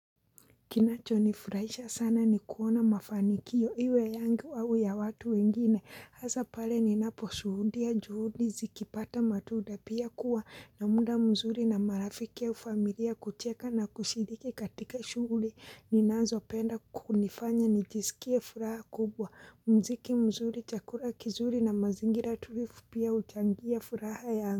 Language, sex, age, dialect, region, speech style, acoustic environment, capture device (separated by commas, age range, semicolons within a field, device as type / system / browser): Swahili, female, 25-34, Kenya - Mombasa, Kenya, happy; relaxed; focused, people talking; silence; appliances, mobile / Linux / Chrome